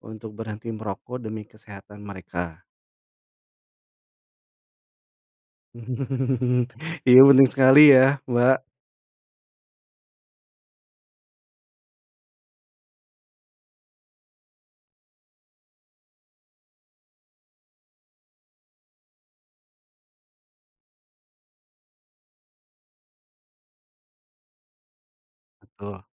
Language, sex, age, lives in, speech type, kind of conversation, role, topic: Indonesian, male, 40-44, Indonesia, conversation, unstructured, none, Bagaimana cara meyakinkan teman untuk berhenti merokok demi kesehatan mereka?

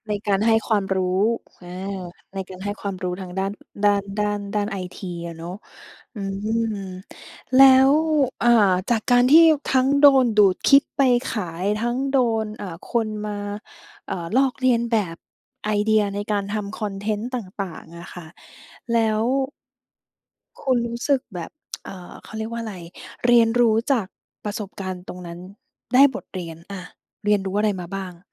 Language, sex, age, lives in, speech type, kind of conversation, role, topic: Thai, female, 30-34, Thailand, conversation, podcast, host, ทำยังไงถึงจะทำงานอดิเรกให้เป็นกิจวัตรได้?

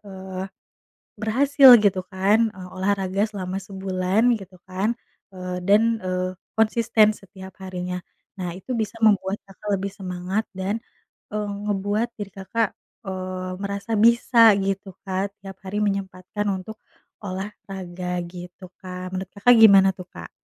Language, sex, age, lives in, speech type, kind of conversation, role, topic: Indonesian, female, 30-34, Indonesia, conversation, advice, advisor, Bagaimana cara memulai kebiasaan olahraga kecil yang berkelanjutan tanpa harus latihan berat setiap hari?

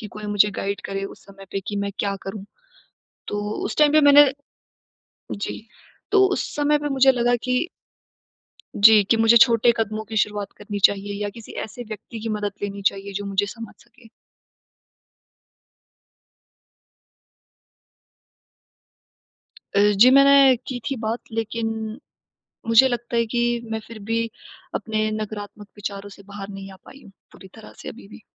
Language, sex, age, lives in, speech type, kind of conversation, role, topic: Hindi, female, 20-24, India, conversation, advice, user, मैं नकारात्मक पैटर्न तोड़ते हुए नए व्यवहार कैसे अपनाऊँ?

